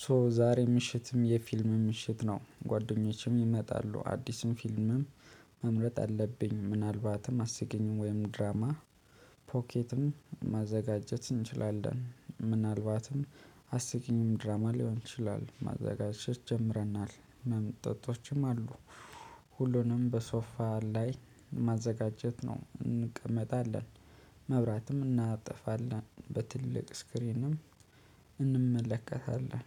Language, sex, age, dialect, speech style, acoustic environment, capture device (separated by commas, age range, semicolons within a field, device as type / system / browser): Amharic, male, 25-34, Ethiopia - Addis Ababa, happy, people talking; nature, mobile / Linux / Chrome